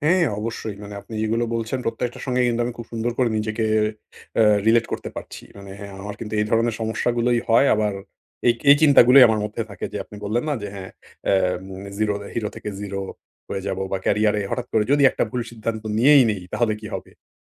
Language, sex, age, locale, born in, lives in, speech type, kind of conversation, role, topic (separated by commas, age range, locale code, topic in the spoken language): Bengali, male, 40-44, bn-BD, Bangladesh, Finland, conversation, advice, user, আমি কীভাবে অন্যদের মতামত শুনে নিজের সিদ্ধান্ত নিতে পারি?